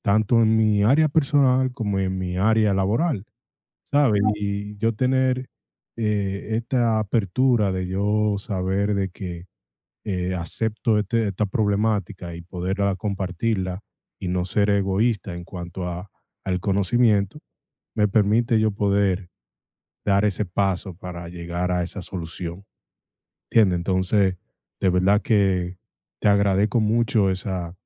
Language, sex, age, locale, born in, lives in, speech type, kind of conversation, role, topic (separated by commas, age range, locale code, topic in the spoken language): Spanish, male, 25-29, es-DO, United States, United States, conversation, advice, user, ¿Cómo puedo aceptar cumplidos sin minimizar mis logros personales?